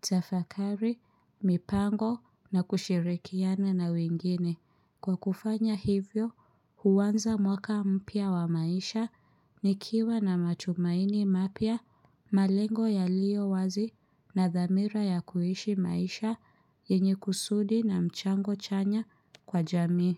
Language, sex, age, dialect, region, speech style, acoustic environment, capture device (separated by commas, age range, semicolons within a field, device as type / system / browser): Swahili, female, 25-34, Kenya - Nairobi Sheng-influenced, Kenya, happy, silence, mobile / Linux / Chrome